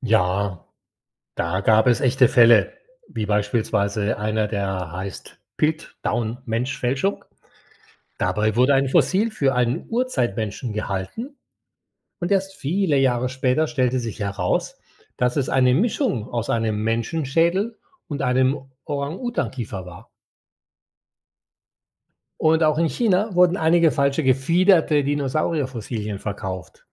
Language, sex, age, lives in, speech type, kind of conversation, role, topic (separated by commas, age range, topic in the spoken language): German, male, 60-64, Spain, read, expert-assistant, expert, Wie entstehen Fossilien?